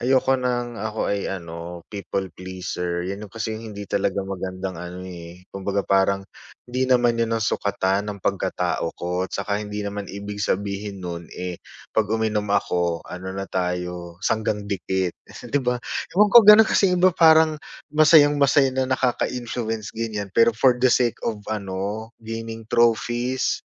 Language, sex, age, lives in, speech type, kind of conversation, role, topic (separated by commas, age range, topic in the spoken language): Filipino, male, 35-39, Philippines, conversation, advice, user, Paano ko mababalanse ang pagkain kapag may mga pagtitipon o handaan?